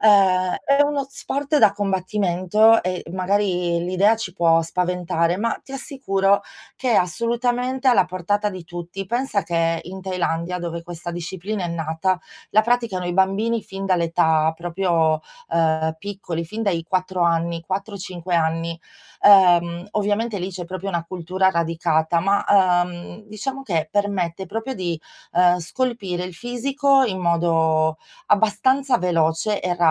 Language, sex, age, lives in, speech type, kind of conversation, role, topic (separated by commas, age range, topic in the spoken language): Italian, female, 40-44, Italy, conversation, advice, advisor, Come posso cambiare il mio stile di vita per migliorare la mia salute?